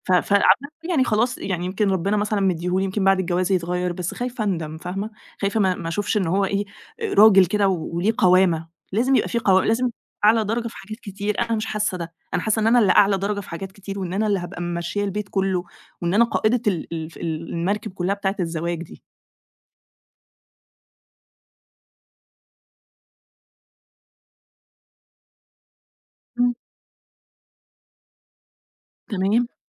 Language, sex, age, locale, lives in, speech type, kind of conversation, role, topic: Arabic, female, 30-34, ar-EG, Egypt, conversation, advice, user, إزاي أتعامل مع إحساسي بالذنب عشان جرحت شريكي أو خدت قرار الانفصال؟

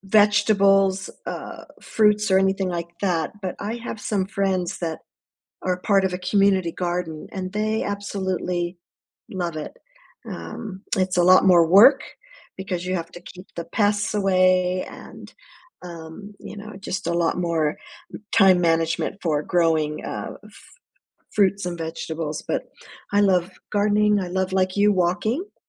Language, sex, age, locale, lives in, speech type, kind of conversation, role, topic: English, female, 65-69, en-US, United States, conversation, unstructured, none, Why do you think having hobbies is important for your well-being?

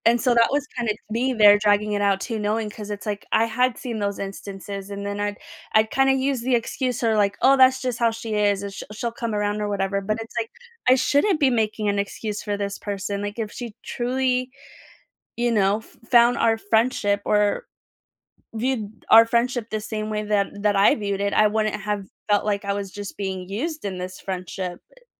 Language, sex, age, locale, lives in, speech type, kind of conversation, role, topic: English, female, 30-34, en-US, United States, conversation, unstructured, none, How do you recognize and deal with unhealthy relationships in your life?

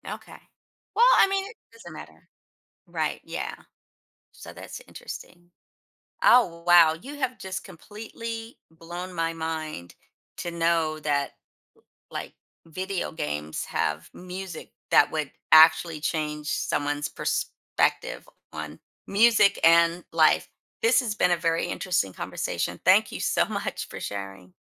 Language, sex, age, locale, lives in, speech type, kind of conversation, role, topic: English, female, 55-59, en-US, United States, conversation, podcast, host, How can a single song change your perspective on music?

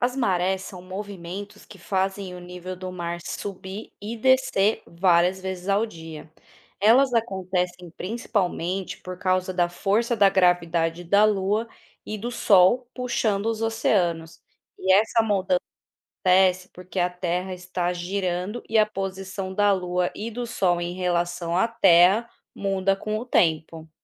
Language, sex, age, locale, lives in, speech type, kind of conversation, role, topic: Portuguese, female, 30-34, pt-BR, Spain, read, expert-assistant, expert, O que são as marés e por que elas mudam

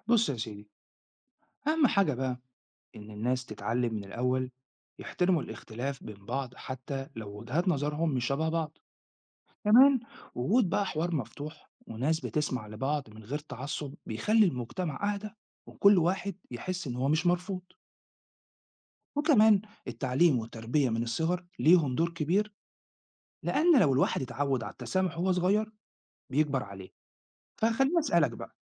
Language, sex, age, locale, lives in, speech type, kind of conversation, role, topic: Arabic, male, 40-44, ar-EG, Italy, read, expert-assistant, expert, ما هي العوامل التي تساعد في بناء مجتمع متسامح؟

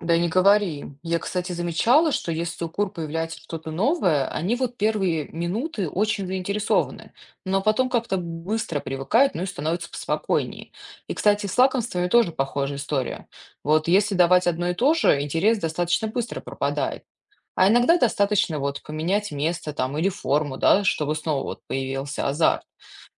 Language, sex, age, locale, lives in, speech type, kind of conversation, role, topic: Russian, female, 35-39, ru-RU, United States, read, expert-assistant, asker, Как простыми способами поддерживать здоровье и активность сельскохозяйственных животных на небольшом хозяйстве?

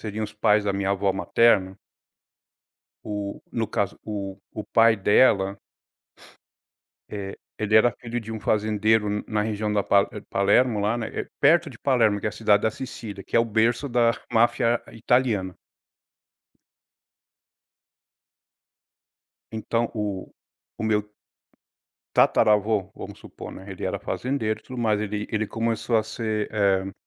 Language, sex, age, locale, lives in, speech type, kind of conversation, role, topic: Portuguese, male, 40-44, pt-BR, United States, conversation, podcast, guest, Você pode me contar uma história de migração que marcou a sua família e como foi?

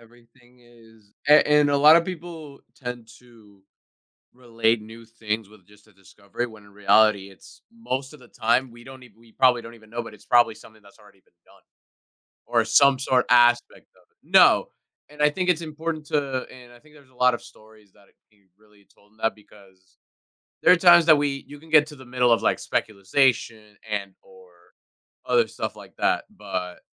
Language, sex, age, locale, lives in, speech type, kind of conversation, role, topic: English, male, 20-24, en-US, United States, conversation, podcast, host, How do the achievements of ancient civilizations continue to shape our modern world?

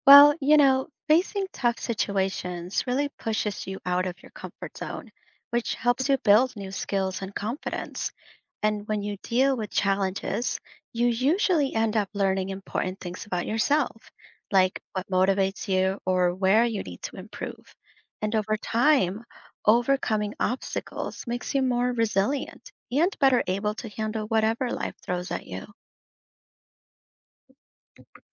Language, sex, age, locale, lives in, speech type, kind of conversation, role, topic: English, female, 30-34, en-US, United States, read, expert-assistant, expert, How does facing challenges help you grow as a person?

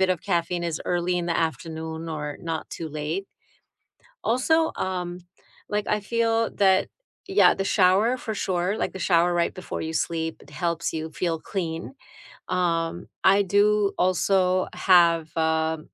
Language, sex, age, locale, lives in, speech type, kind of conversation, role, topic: English, female, 50-54, en-US, United States, conversation, unstructured, none, What simple sleep habits have truly helped you lately, and how did you discover them?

